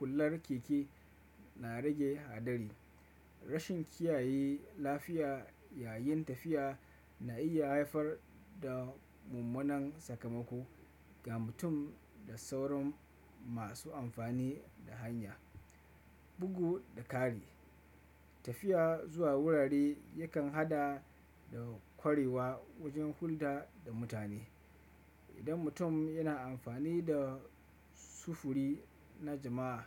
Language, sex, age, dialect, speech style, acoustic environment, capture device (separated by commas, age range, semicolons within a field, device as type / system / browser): Hausa, male, 25-34, Nigeria - Kano, relaxed, nature, desktop / Windows / Chrome